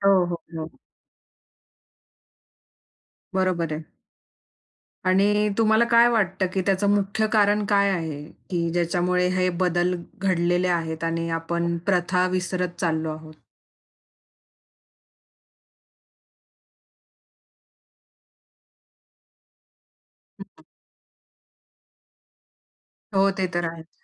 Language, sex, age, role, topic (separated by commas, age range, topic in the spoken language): Marathi, female, 25-29, host, आधुनिक जीवनशैलीमुळे कोणत्या प्रथा लोप पावत गेल्या असतील, असे तुम्हाला वाटते?